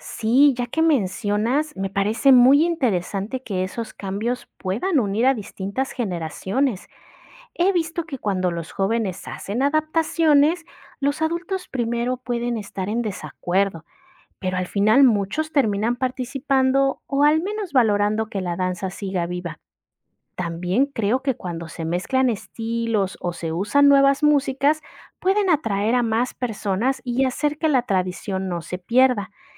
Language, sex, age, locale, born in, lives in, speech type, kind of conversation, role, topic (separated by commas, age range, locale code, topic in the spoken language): Spanish, female, 35-39, es-MX, Mexico, Mexico, read, expert-assistant, asker, ¿Por qué la danza tradicional sigue uniendo a personas y culturas hoy en día?